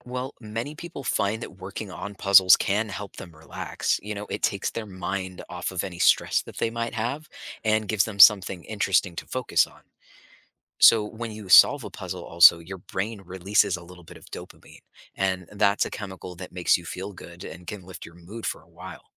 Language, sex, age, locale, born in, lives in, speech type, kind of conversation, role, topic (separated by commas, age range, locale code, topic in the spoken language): English, male, 25-29, en-US, United States, United States, read, expert-assistant, expert, Why do people enjoy solving puzzles and riddles?